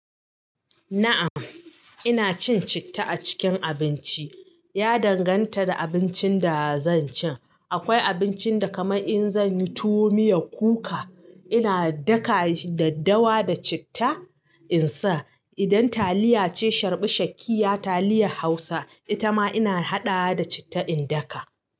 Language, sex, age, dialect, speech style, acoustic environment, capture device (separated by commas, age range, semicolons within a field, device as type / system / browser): Hausa, female, 35-44, Nigeria - Katsina, happy; anxious; nervous; excited; focused, silence; nature; appliances, mobile / Linux / Chrome